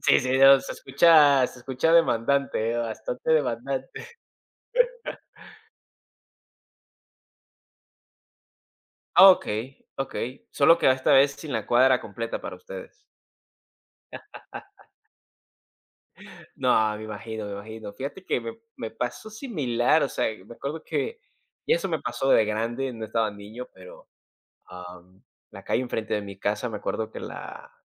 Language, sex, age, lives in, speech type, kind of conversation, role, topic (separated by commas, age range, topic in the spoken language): Spanish, male, 20-24, United States, conversation, unstructured, none, ¿Cuál es tu recuerdo más feliz de la infancia?